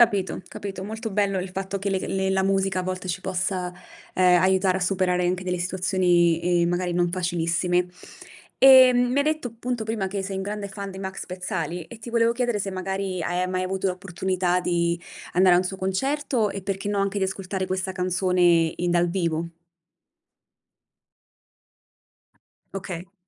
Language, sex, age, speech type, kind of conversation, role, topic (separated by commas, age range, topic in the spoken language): Italian, female, 30-34, conversation, podcast, host, Qual è la canzone che ti tocca sempre il cuore?